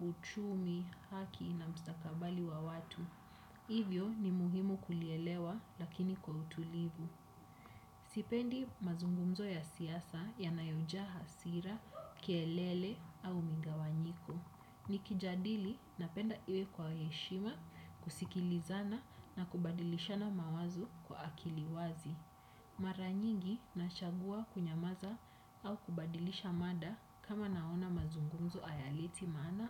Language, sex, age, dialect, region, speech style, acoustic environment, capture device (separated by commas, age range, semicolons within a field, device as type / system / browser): Swahili, female, 25-34, Kenya - Nairobi Sheng-influenced, Kenya, happy, nature, desktop / Windows / Chrome